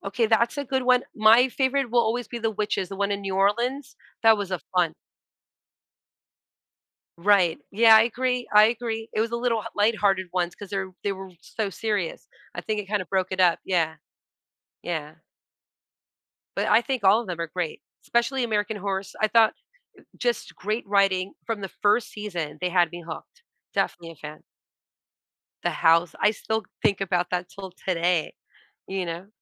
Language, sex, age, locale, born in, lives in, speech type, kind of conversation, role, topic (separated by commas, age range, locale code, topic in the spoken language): English, female, 40-44, en-US, United States, United States, conversation, podcast, guest, What qualities make a television show stand out and stay with you over time?